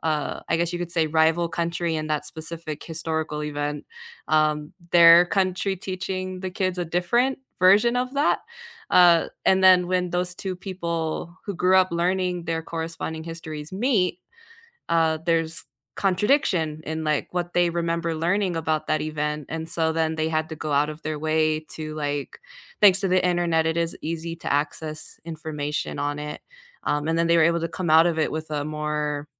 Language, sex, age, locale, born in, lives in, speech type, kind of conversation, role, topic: English, female, 25-29, en-US, United States, United States, conversation, unstructured, none, How do you like to learn about history, and how does it help you feel connected?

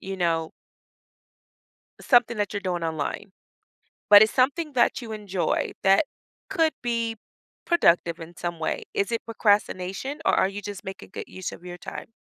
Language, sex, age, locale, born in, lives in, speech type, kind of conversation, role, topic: English, female, 40-44, en-US, United States, United States, conversation, podcast, host, What are some practical ways to overcome procrastination and stay motivated?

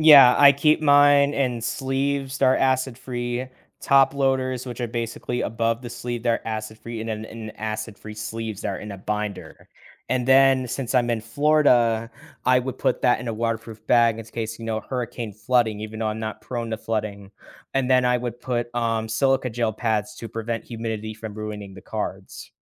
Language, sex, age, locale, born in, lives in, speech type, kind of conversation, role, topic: English, male, 18-19, en-US, United States, United States, conversation, podcast, guest, Why do you think having hobbies is important for your well-being?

